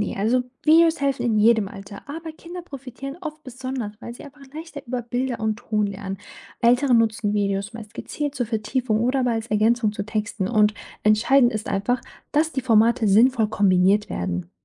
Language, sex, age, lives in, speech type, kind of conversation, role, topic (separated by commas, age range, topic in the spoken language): German, female, 25-29, Germany, read, expert-assistant, expert, Kann man wirklich besser lernen, wenn man Videos statt Bücher benutzt?